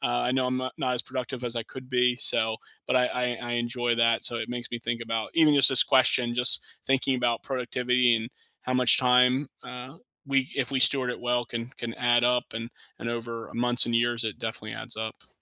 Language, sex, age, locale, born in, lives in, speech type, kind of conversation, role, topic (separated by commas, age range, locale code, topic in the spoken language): English, male, 30-34, en-US, United States, United States, conversation, unstructured, none, If you could free up two hours a day, what would you start building into your life this year?